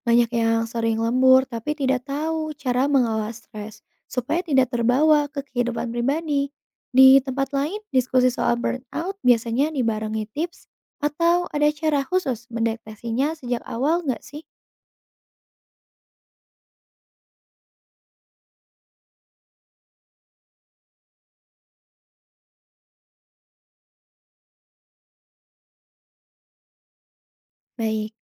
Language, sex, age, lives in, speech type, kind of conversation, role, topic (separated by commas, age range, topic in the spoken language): Indonesian, female, 25-29, Indonesia, read, expert-assistant, asker, Apa artinya menjadi 'sehat secara mental'? Apakah itu sama untuk setiap orang, atau berbeda-beda?